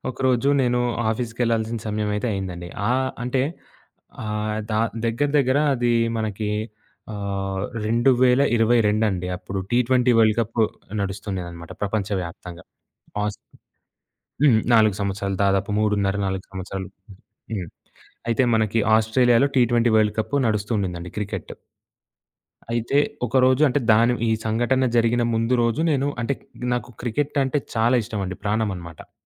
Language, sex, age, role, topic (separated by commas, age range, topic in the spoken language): Telugu, male, 20-24, guest, రాత్రి స్క్రీన్ సమయాన్ని తగ్గించుకోవడానికి మీరు ఏం చేస్తారు?